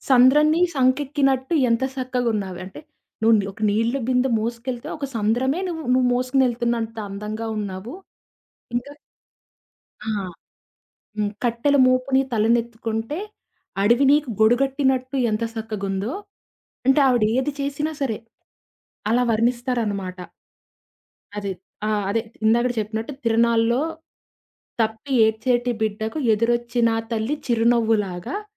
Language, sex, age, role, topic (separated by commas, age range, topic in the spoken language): Telugu, female, 20-24, guest, మీకు ఇష్టమైన పాట ఏది, దాని గురించి చెప్పగలరా?